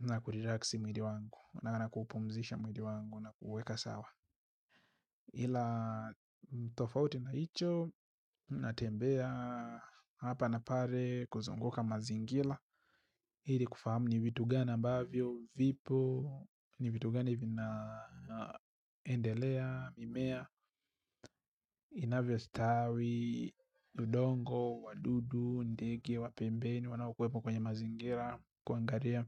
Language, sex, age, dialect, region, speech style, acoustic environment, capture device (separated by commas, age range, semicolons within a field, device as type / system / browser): Swahili, male, 25-34, Tanzania - Dar es Salaam, Tanzania, happy; focused, silence, mobile / Linux / Chrome